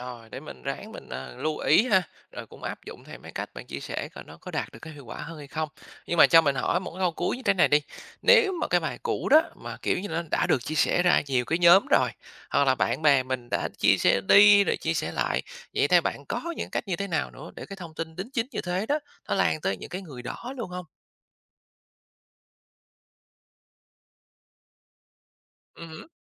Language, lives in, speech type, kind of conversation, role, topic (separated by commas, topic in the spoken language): Vietnamese, Vietnam, read, expert-assistant, asker, Làm sao để biết một câu chuyện về hoạt động xã hội trên mạng là thật hay chỉ là tin đồn?